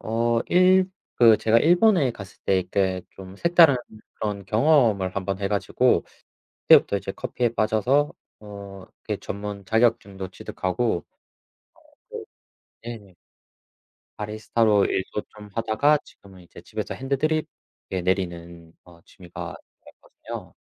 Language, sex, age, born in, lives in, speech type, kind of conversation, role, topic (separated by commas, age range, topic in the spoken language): Korean, male, 25-29, South Korea, South Korea, conversation, unstructured, none, 새로운 취미를 시작할 때 주로 어떤 점을 고려하시나요?